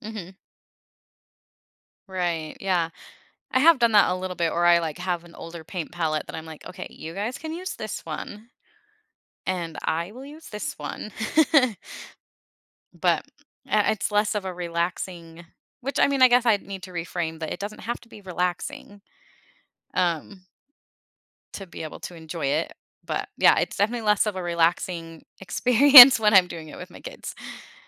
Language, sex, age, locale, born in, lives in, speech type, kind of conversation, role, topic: English, female, 35-39, en-US, United States, United States, conversation, advice, user, How do I decide whether to follow my passion or prioritize financial stability?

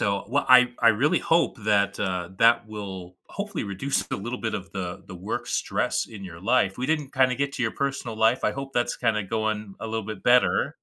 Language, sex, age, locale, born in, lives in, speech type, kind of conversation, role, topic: English, male, 35-39, en-US, United States, United States, conversation, advice, advisor, How can I balance work deadlines without sacrificing my personal life?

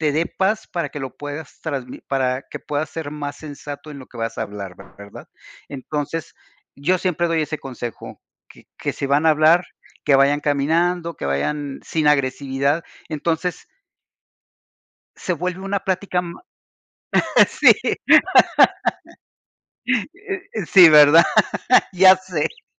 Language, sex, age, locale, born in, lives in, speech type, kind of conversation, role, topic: Spanish, male, 55-59, es-MX, Mexico, United States, conversation, unstructured, none, ¿Cómo expresas tus sentimientos sin herir a los demás?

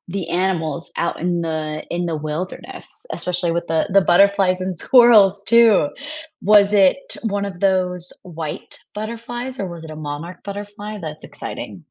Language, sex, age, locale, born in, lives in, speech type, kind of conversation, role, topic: English, female, 25-29, en-US, United States, United States, conversation, unstructured, none, What made you smile recently?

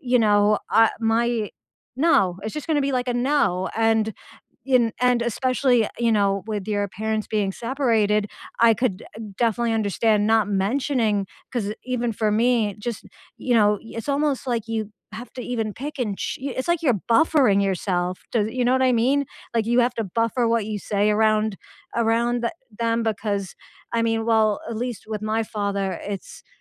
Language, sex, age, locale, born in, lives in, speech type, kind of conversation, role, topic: English, female, 35-39, en-US, United States, United States, conversation, unstructured, none, Have you ever had to put your dreams on hold, and how did that feel?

